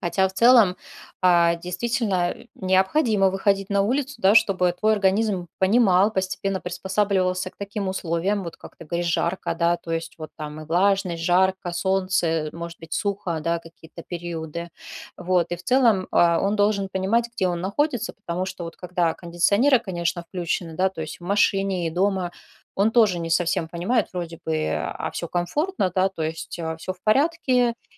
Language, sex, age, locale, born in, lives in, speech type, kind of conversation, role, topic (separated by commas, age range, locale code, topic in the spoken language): Russian, female, 40-44, ru-RU, Russia, Spain, conversation, advice, advisor, Как мне быстрее адаптироваться к новому климату и погоде после переезда?